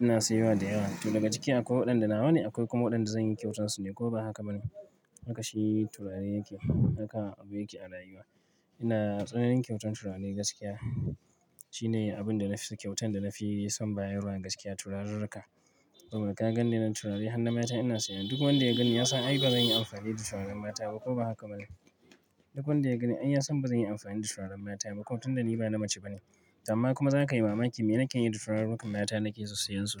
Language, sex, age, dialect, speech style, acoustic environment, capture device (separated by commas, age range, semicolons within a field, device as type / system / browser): Hausa, male, 25-34, Nigeria - Kano, relaxed, traffic, mobile / Linux / Chrome